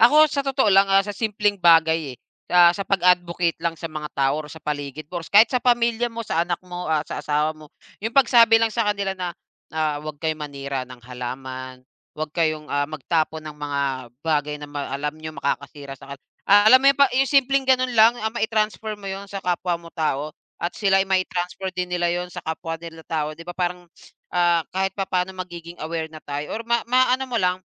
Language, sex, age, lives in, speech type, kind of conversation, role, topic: Filipino, male, 35-39, Philippines, conversation, unstructured, none, Ano ang unang alaala mo tungkol sa kalikasan?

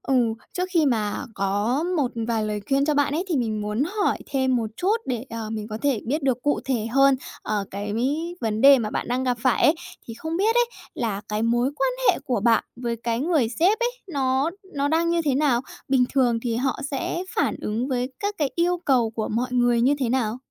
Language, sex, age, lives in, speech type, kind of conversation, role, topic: Vietnamese, female, 20-24, Japan, conversation, advice, advisor, Làm thế nào để xin tăng lương với sếp mà không lo sợ phản ứng của họ?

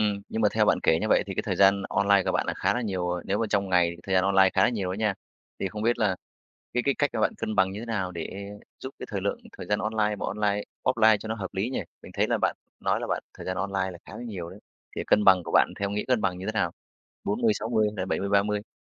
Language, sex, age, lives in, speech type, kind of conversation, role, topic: Vietnamese, male, 35-39, Vietnam, conversation, podcast, host, Bạn cân bằng thời gian trực tuyến và ngoại tuyến như thế nào?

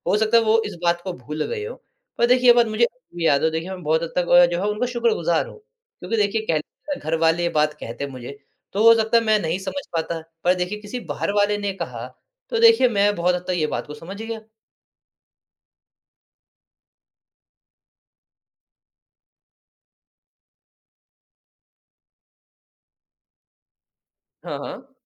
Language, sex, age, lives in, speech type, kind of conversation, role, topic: Hindi, male, 20-24, India, conversation, podcast, guest, आप अपनी गलतियों से कैसे सीखते हैं?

